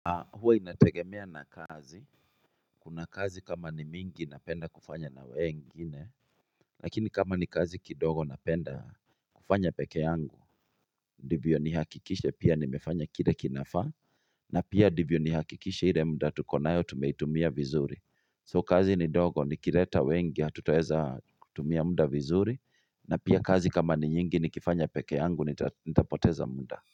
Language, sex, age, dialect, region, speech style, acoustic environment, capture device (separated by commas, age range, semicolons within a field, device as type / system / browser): Swahili, male, 35-44, Kenya - Kiambu, Kenya, focused, nature, mobile / Linux / Chrome